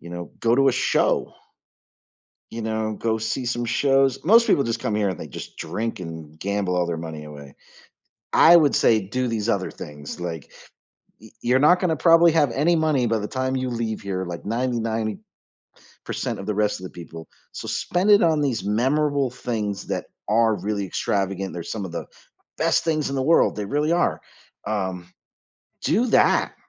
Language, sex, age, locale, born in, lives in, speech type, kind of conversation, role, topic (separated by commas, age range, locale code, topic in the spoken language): English, male, 45-49, en-US, United States, United States, conversation, podcast, guest, How has living in your favorite city shaped who you are?